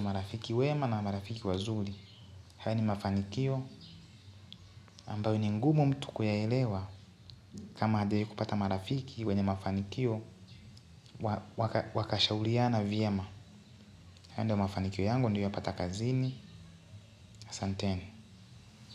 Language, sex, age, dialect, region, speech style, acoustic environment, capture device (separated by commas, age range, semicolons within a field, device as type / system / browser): Swahili, male, 18-24, Tanzania - Dar es Salaam, Tanzania, focused, nature; appliances, mobile / Linux / Chrome